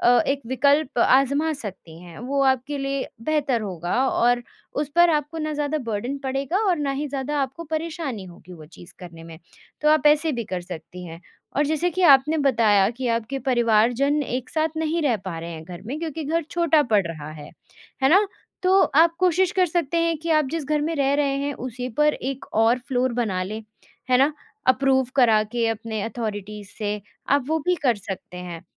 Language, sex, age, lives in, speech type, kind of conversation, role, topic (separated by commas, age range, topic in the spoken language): Hindi, female, 20-24, India, conversation, advice, advisor, क्या बड़े घर को खरीदने के लिए ऋण लेना आपके लिए सही फैसला होगा?